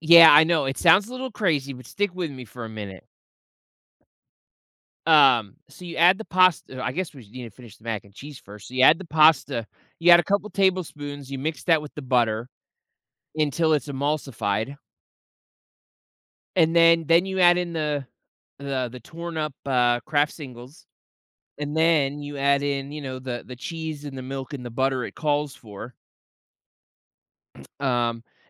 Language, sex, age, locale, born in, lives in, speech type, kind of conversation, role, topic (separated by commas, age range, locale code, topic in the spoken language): English, male, 30-34, en-US, United States, United States, conversation, podcast, host, How do certain foods bring us comfort and connect us to memories or emotions?